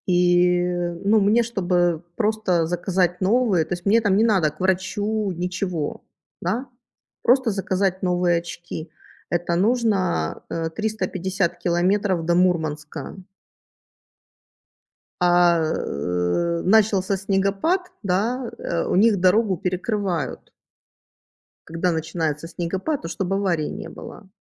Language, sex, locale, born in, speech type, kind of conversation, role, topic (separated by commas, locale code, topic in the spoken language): Russian, female, ru-RU, Ukraine, conversation, unstructured, none, Какой образ жизни более устойчивый: городской или сельский?